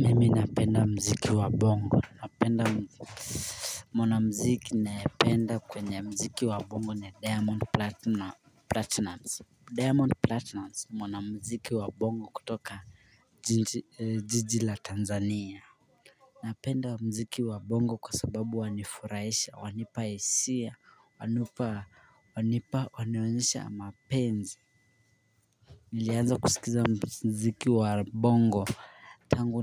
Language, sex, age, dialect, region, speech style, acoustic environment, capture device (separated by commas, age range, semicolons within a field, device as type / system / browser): Swahili, male, 25-34, Kenya - Mombasa, Kenya, focused, silence, mobile / Linux / Chrome